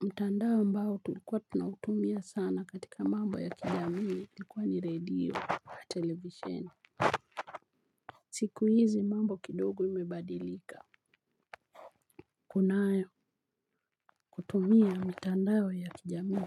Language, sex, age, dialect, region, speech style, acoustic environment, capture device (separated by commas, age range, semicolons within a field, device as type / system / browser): Swahili, female, 45-54, Kenya - Nairobi Sheng-influenced, Kenya, relaxed, nature, mobile / Linux / Chrome